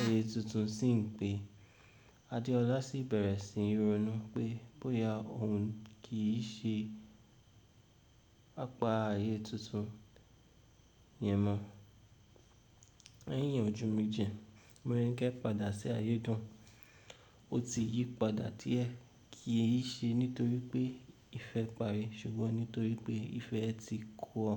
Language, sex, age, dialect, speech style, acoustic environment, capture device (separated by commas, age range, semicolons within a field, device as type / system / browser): Yoruba, male, 18-24, Nigeria - Ibadan, tired, nature, mobile / Linux / Chrome